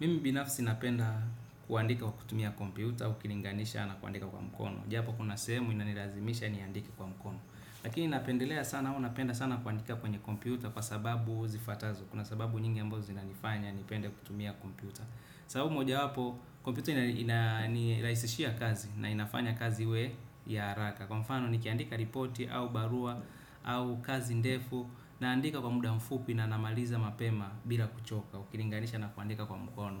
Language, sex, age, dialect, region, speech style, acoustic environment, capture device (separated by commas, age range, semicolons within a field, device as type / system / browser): Swahili, male, 25-34, Tanzania - Dar es Salaam, Tanzania, relaxed; focused, silence, desktop / Windows / Chrome